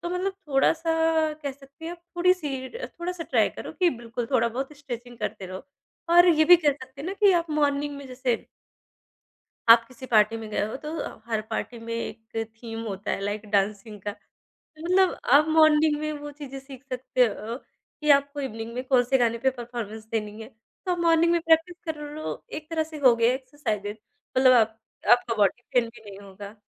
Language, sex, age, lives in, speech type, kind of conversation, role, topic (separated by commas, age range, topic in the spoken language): Hindi, male, 30-34, India, conversation, podcast, guest, Consistency banaye rakhne ke liye tum roz kya karte ho?